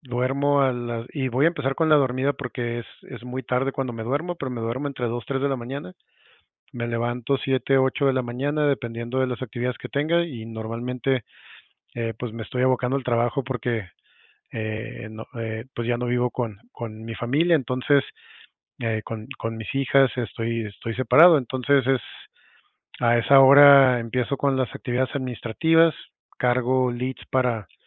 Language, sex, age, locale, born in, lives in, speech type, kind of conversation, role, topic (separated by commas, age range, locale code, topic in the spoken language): Spanish, male, 40-44, es-MX, Mexico, Mexico, conversation, advice, user, ¿Cómo puedo encontrar tiempo para tomarme vacaciones este año?